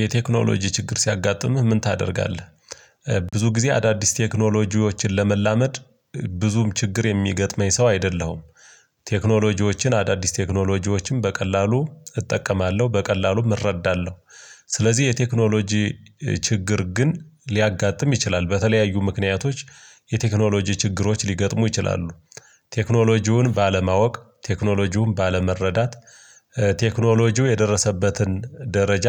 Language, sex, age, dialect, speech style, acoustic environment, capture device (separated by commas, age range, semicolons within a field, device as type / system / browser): Amharic, male, 35-44, Ethiopia - Gojjam, relaxed; focused, silence; nature, mobile / Linux / Chrome